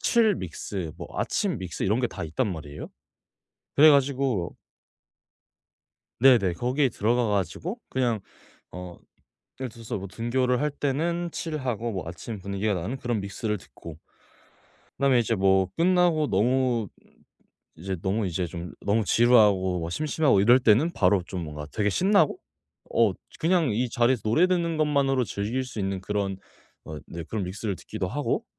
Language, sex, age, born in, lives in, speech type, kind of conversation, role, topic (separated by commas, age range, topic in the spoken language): Korean, male, 18-19, South Korea, Japan, conversation, podcast, guest, 요즘은 주로 어떤 방법으로 신곡을 찾아 들으시나요?